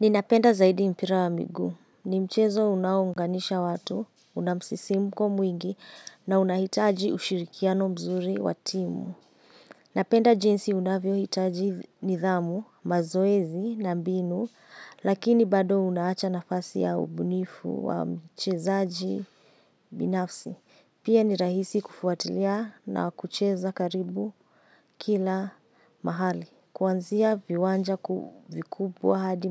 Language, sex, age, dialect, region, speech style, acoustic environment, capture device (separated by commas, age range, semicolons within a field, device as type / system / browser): Swahili, female, 25-34, Kenya - Nairobi Sheng-influenced, Kenya, happy; focused, silence, mobile / Linux / Chrome